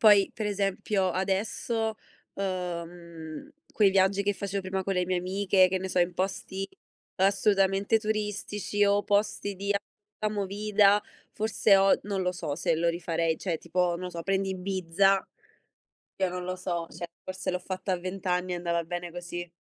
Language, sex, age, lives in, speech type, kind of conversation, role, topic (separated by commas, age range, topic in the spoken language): Italian, female, 25-29, Italy, conversation, unstructured, none, Preferisci viaggiare da solo o in compagnia?